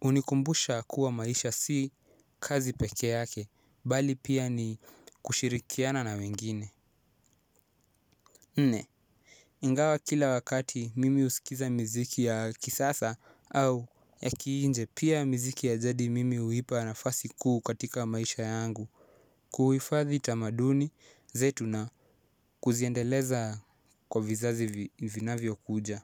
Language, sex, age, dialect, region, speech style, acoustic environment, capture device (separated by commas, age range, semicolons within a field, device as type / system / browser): Swahili, male, 18-24, Kenya - Mombasa, Kenya, relaxed, silence, mobile / Linux / Chrome